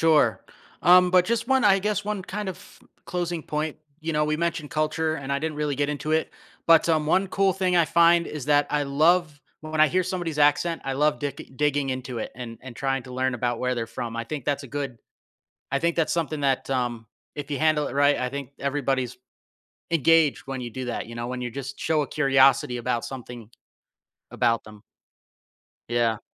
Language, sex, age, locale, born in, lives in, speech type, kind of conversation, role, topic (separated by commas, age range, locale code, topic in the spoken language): English, male, 35-39, en-US, United States, United States, conversation, podcast, guest, What helps you connect with people who have different communication styles?